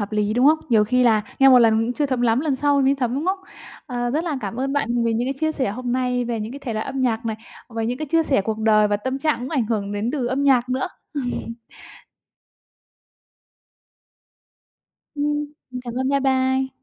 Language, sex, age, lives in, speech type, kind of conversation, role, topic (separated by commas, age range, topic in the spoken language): Vietnamese, female, 25-29, Vietnam, conversation, podcast, host, Thể loại nhạc nào chạm đến bạn nhất, và vì sao?